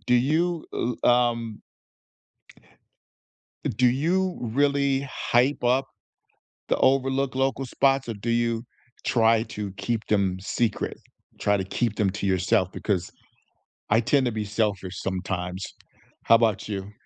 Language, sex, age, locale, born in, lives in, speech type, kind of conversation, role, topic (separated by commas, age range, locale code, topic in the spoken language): English, male, 60-64, en-US, United States, United States, conversation, unstructured, none, What overlooked local spot do you cherish, and what memories make it special to you?